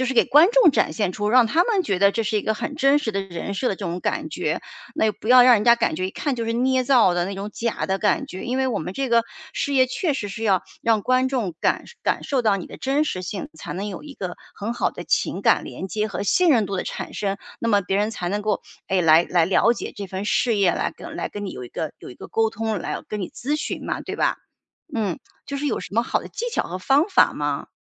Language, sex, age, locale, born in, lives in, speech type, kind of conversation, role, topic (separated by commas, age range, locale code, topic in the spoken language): Chinese, female, 45-49, zh-CN, China, United States, conversation, advice, user, 我该如何在社交场合隐藏情绪，同时又能真实表达自己？